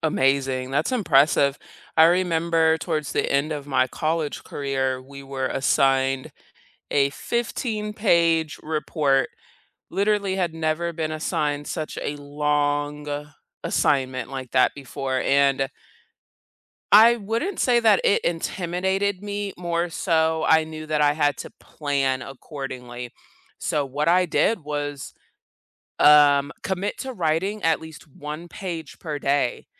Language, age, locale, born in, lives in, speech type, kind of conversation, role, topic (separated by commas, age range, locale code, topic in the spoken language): English, 30-34, en-US, South Korea, United States, conversation, unstructured, none, What school or learning project are you most proud of, and why did it matter to you?